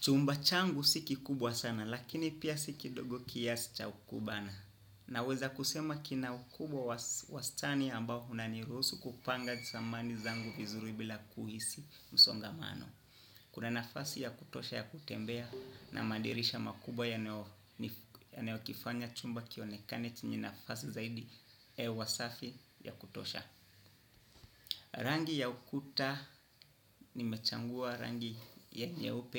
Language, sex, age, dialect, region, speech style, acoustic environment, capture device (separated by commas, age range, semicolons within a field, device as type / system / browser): Swahili, male, 25-34, Kenya - Nairobi Sheng-influenced, Kenya, happy, nature, desktop / Windows / Chrome